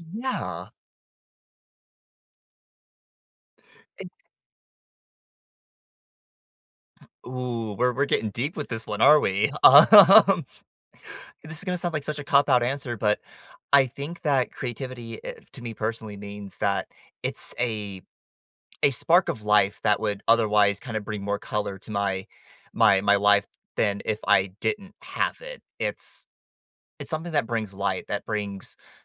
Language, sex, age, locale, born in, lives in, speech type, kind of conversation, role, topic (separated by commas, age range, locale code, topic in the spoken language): English, male, 20-24, en-US, United States, United States, conversation, podcast, guest, In what ways can creativity show up in everyday life, even for those who don’t see themselves as creative?